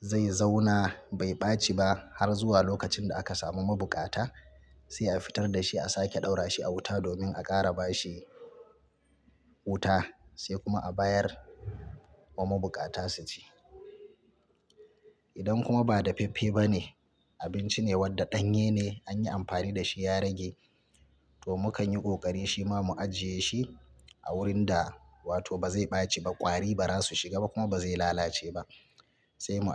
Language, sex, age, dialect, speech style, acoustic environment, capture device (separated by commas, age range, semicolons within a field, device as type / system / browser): Hausa, male, 35-44, Nigeria - Kano, relaxed; focused, silence, mobile / Linux / Chrome